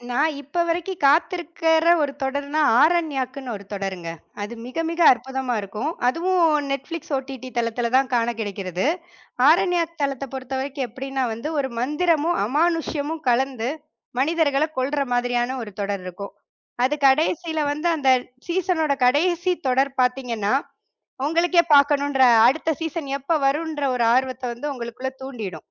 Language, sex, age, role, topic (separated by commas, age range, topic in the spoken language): Tamil, female, 30-34, guest, ஒரு தொடரின் கடைசி சீசன் முடிவு அதை நினைவில் நிறுத்துமா, இல்லை மொத்த அனுபவத்தையே அழித்துவிடுமா?